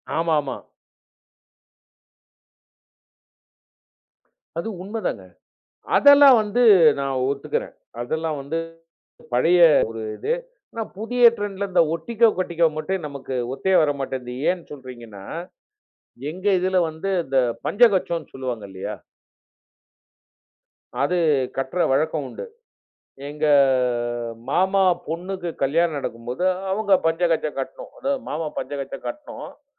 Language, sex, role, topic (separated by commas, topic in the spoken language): Tamil, male, guest, புதிய போக்குகளைப் பின்பற்ற வேண்டுமா என்று நீங்கள் எப்படித் தீர்மானிப்பீர்கள்?